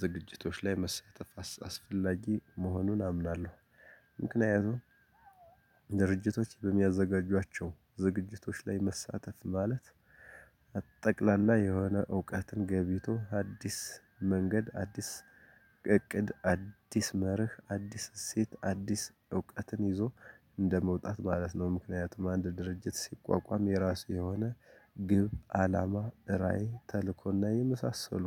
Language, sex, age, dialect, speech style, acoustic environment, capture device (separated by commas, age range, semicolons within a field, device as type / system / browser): Amharic, male, 35-44, Ethiopia - Gojjam, happy; relaxed; focused, nature, desktop / Linux / Chrome